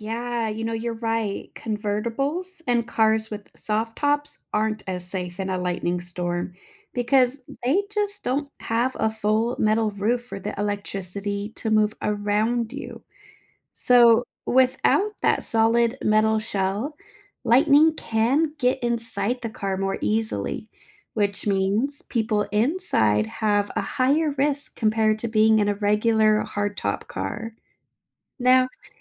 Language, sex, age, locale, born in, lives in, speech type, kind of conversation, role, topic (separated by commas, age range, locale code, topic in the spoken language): English, female, 30-34, en-US, United States, United States, read, expert-assistant, expert, How can you stay safe during a lightning storm?